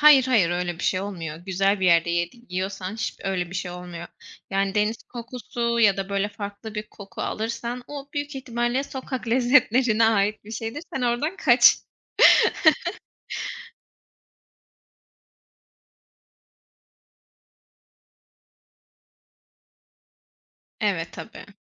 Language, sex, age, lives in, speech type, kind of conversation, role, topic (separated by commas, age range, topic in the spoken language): Turkish, female, 25-29, Poland, conversation, unstructured, none, Evde yemek yapmayı mı yoksa dışarıda yemek yemeyi mi tercih edersin?